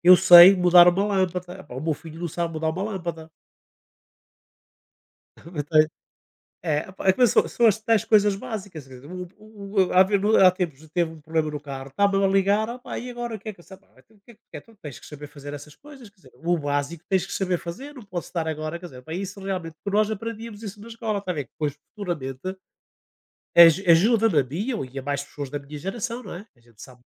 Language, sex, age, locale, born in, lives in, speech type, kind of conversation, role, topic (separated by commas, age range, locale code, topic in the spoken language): Portuguese, male, 60-64, pt-PT, Portugal, Portugal, conversation, unstructured, none, Como você acha que a escola pode melhorar para os alunos?